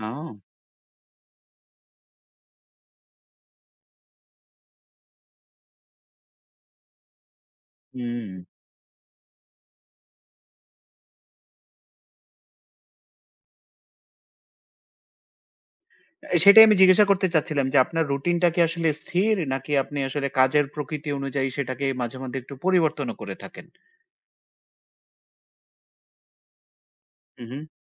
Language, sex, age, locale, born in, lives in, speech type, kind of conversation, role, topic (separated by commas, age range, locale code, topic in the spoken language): Bengali, male, 35-39, bn-BD, Bangladesh, Finland, conversation, podcast, host, ধারাবাহিকতা বজায় রাখতে আপনি কোন রুটিন অনুসরণ করেন?